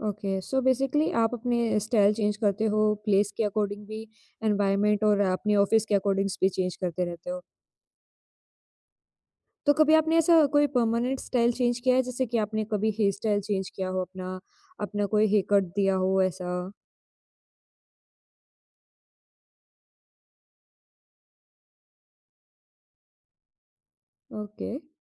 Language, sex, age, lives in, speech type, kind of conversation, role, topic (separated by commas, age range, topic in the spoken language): Hindi, female, 20-24, India, conversation, podcast, host, Kya kabhi aisa koi pal aaya hai jab tumne apna स्टाइल बदलने का फैसला किया हो?